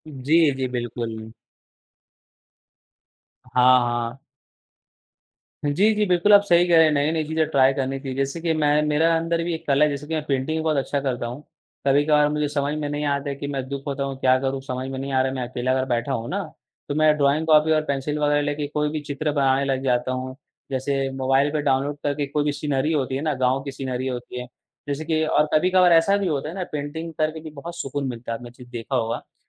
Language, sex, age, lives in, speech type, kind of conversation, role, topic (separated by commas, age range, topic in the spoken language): Hindi, male, 18-19, India, conversation, unstructured, none, खुश रहने के लिए आप कौन-कौन से छोटे-छोटे कदम उठाते हैं?